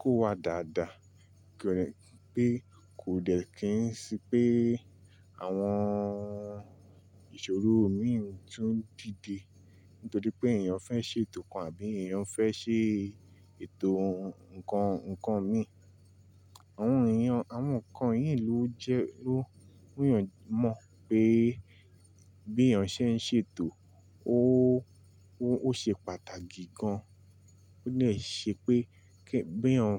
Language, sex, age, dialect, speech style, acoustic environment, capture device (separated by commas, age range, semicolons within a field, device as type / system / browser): Yoruba, male, 25-34, Nigeria - Nigerian Standard, happy, appliances, mobile / Linux / Chrome